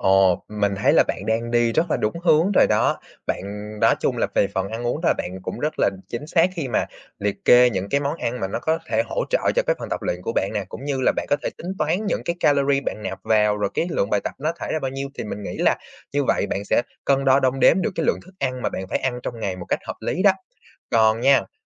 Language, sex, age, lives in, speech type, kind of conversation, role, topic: Vietnamese, male, 20-24, Vietnam, conversation, advice, advisor, Làm sao cân bằng giữa tập luyện và nghỉ ngơi để phục hồi tốt hơn?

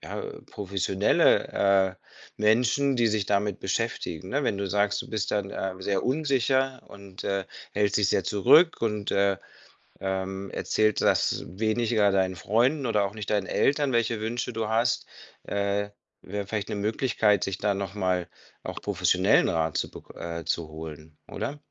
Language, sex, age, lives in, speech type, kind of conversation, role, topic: German, male, 50-54, Spain, conversation, advice, advisor, Wie gehst du mit der Angst um, dass deine eigenen Ideen nicht gut genug sind?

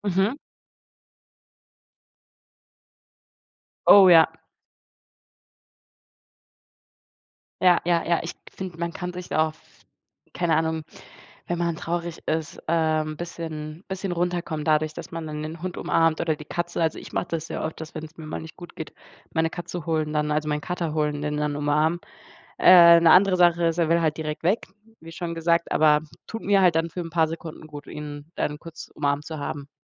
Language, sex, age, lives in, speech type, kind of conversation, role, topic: German, female, 20-24, Germany, conversation, unstructured, none, Wie reagieren Haustiere auf menschliche Emotionen?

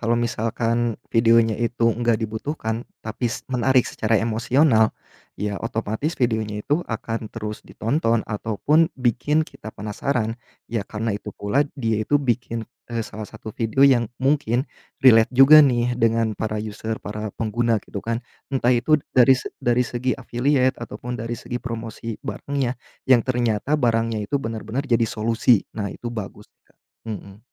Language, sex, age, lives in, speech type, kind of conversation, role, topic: Indonesian, male, 30-34, Indonesia, conversation, podcast, guest, Apa yang membuat konten media sosial mudah menjadi viral menurutmu?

